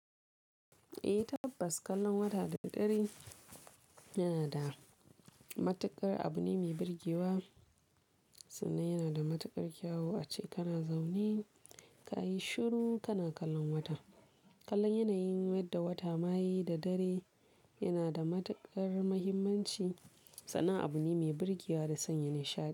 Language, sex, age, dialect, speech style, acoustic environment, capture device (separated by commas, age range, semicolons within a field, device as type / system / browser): Hausa, female, 18-24, Nigeria - Kano, focused, silence; people talking, mobile / Linux / Chrome